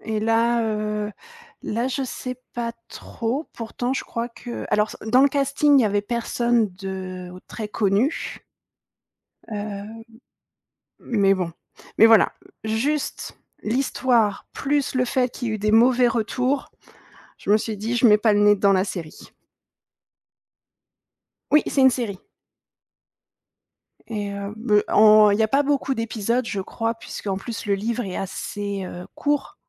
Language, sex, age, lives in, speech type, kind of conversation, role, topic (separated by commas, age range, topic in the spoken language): French, female, 30-34, France, conversation, podcast, guest, Quel livre ou quel film t’a bouleversé, et pourquoi ?